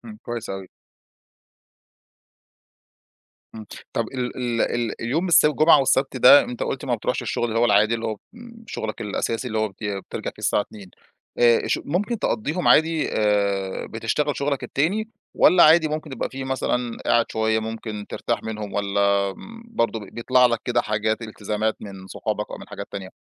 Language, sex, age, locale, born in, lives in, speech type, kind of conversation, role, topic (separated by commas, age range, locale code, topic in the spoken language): Arabic, male, 35-39, ar-EG, Egypt, Egypt, conversation, advice, advisor, إزاي أقول "أيوه" لالتزامات كتير من غير ما وقتي يضيع ومايبقاش عندي وقت فراغ؟